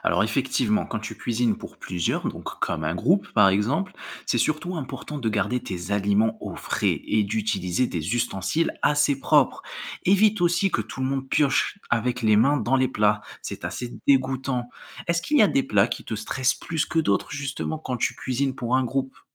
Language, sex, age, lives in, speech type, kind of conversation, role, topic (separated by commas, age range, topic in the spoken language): French, male, 20-24, France, read, expert-assistant, expert, Quelles précautions prendre pour éviter les intoxications alimentaires lors des repas en groupe ?